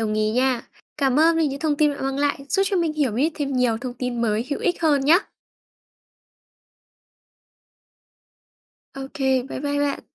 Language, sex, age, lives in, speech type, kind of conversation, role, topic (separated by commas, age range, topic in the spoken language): Vietnamese, female, 20-24, Vietnam, read, expert-assistant, asker, Làm sao phân biệt thông tin đúng và sai về cách cơ thể phản ứng với thiếu ngủ?